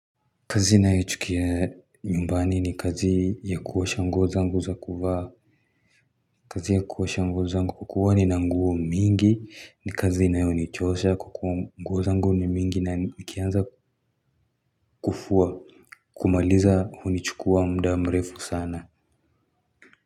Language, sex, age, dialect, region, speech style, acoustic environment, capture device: Swahili, male, 18-24, Kenya - Nairobi Sheng-influenced, Kenya, happy, construction, mobile / Linux / Chrome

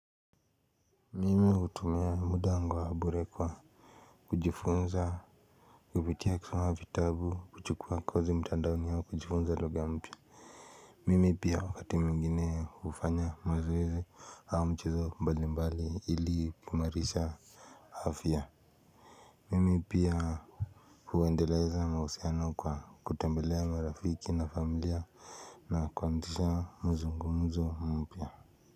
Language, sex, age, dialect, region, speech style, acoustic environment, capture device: Swahili, male, 18-24, Kenya - Nairobi Sheng-influenced, Kenya, relaxed; focused, silence, mobile / Linux / Chrome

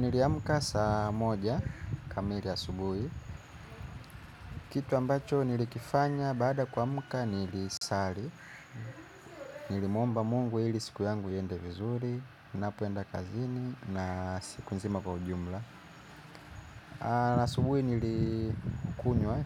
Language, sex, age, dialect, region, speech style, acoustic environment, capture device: Swahili, male, 25-34, Kenya - Nairobi Sheng-influenced, Kenya, happy, nature, mobile / Linux / Chrome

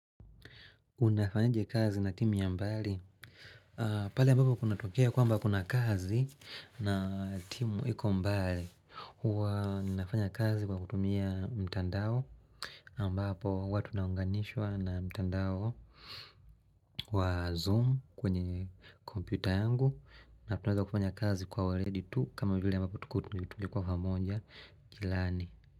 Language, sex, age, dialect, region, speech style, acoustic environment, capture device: Swahili, male, 25-34, Tanzania - Dar es Salaam, Tanzania, happy; relaxed, nature; silence; music; people talking; appliances, mobile / Linux / Chrome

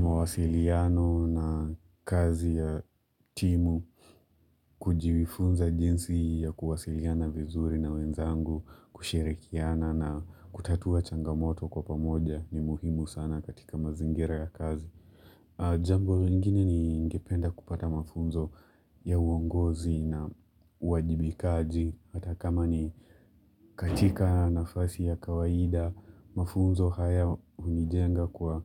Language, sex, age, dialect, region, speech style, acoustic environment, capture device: Swahili, male, 25-34, Kenya - Mombasa, Kenya, relaxed, silence, mobile / Linux / Chrome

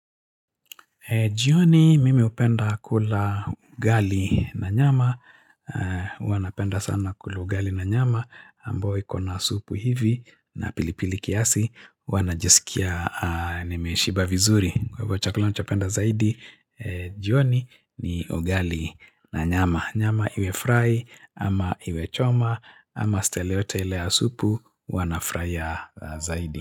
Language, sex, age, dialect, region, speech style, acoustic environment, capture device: Swahili, male, 45-54, Kenya - Nairobi Sheng-influenced, Kenya, happy; excited; focused; relaxed, nature; people talking; music, mobile / Linux / Chrome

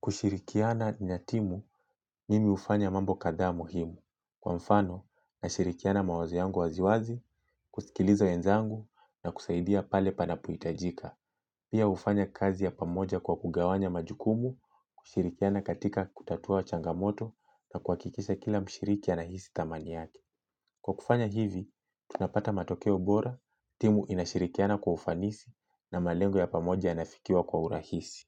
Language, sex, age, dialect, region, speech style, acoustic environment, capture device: Swahili, male, 25-34, Kenya - Mombasa, Kenya, relaxed; happy; focused, silence, mobile / Linux / Chrome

